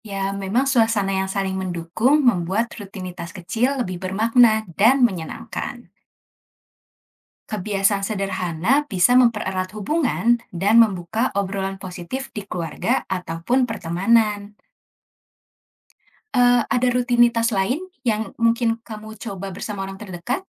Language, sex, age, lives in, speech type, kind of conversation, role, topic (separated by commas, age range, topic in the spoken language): Indonesian, female, 25-29, Indonesia, read, expert-assistant, expert, Bagaimana cara menjaga rutinitas harian agar kesehatan mental tetap terjaga, terutama saat hidup terasa kacau?